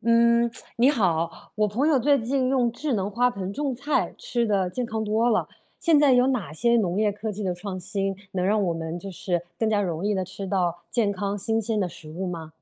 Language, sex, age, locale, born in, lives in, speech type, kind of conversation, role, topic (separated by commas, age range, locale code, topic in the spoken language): Chinese, female, 35-39, zh-CN, China, United States, read, expert-assistant, asker, 农业科技有哪些创新，让养生和健康饮食变得更容易实现？